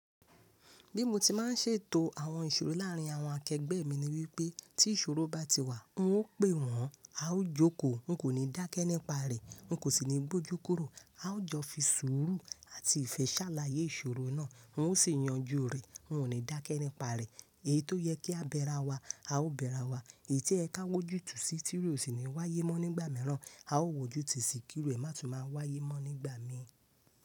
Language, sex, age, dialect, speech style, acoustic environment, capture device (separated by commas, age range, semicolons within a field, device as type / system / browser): Yoruba, female, 25-34, Nigeria - Lagos Yoruba, happy; focused, nature; people talking, mobile / Linux / Chrome